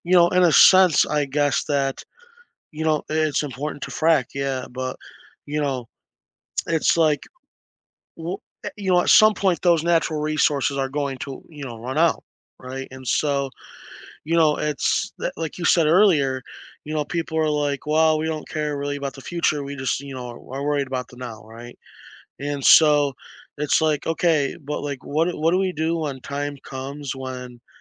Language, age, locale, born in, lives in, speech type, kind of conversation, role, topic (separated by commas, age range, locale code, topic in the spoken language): English, 20-24, en-US, United States, United States, conversation, unstructured, none, How do you feel about people wasting natural resources?